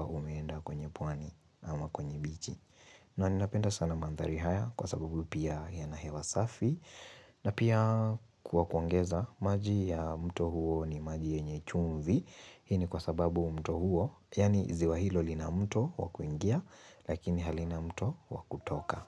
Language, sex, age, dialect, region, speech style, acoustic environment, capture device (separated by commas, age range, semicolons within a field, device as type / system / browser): Swahili, male, 18-24, Kenya - Nairobi Sheng-influenced, Kenya, happy, silence, mobile / Linux / Chrome